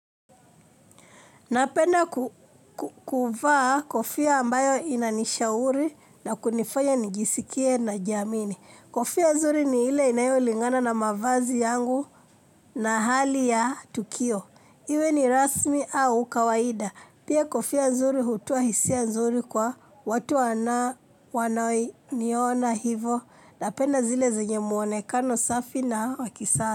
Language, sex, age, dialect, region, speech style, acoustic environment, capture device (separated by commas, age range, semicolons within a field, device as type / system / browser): Swahili, female, 25-34, Kenya - Nairobi Sheng-influenced, Kenya, happy; excited; focused; relaxed, people talking, mobile / Linux / Chrome